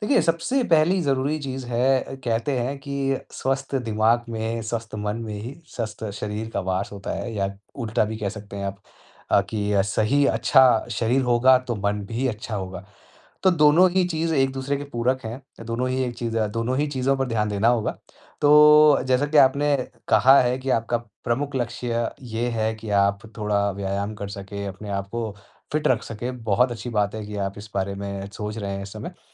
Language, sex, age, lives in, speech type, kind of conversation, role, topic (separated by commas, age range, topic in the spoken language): Hindi, male, 35-39, India, conversation, advice, advisor, मैं अपने लक्ष्य कैसे स्पष्ट करूँ और प्राथमिकताएँ कैसे तय करूँ?